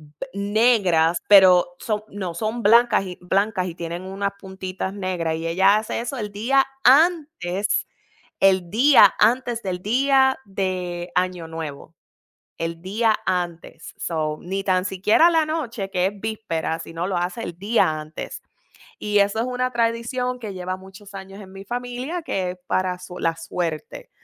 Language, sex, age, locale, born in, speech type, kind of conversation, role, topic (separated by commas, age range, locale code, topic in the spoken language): Spanish, female, 40-44, es-US, United States, conversation, unstructured, none, ¿Cómo celebras las tradiciones en tu familia?